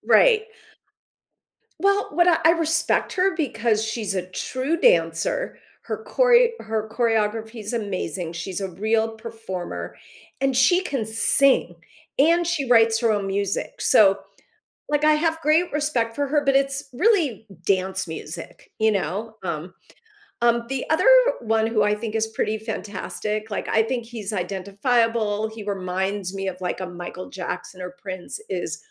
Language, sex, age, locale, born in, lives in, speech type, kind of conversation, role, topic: English, female, 55-59, en-US, United States, United States, conversation, podcast, guest, How can a hobby positively impact someone's life and well-being?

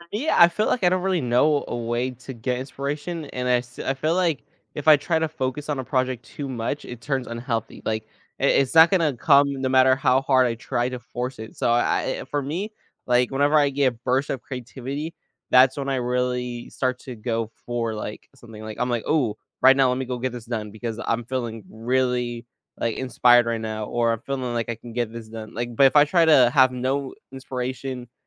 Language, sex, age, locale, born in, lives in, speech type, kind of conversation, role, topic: English, male, 20-24, en-US, United States, United States, conversation, podcast, guest, What are some ways people can nurture and develop their creativity over time?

